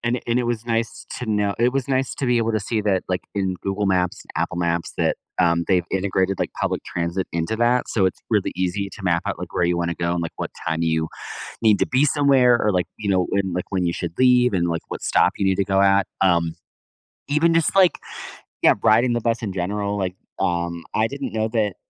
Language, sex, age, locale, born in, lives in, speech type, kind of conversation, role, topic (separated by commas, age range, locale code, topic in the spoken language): English, male, 30-34, en-US, United States, United States, conversation, advice, user, How can I repair my friendship after a disagreement?